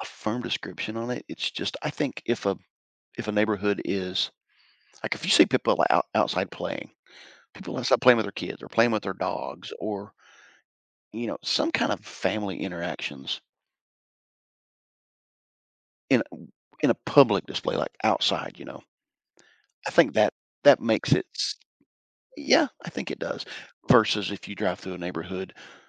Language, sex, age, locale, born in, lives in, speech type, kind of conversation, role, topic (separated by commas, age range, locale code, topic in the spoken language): English, male, 55-59, en-US, United States, United States, conversation, podcast, guest, What helps people feel like they truly belong when joining a new community?